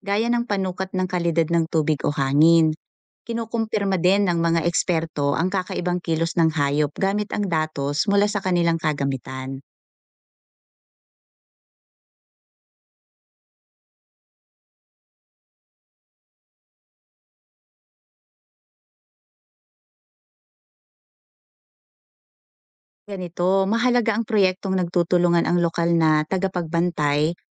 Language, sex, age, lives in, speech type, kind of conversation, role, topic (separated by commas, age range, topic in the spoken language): Filipino, female, 40-44, Philippines, read, expert-assistant, expert, Kapag tinitingnan mo ang mundo sa mata ng hayop, paano kaya nag-iiba ang pananaw mo sa kalikasan at buhay?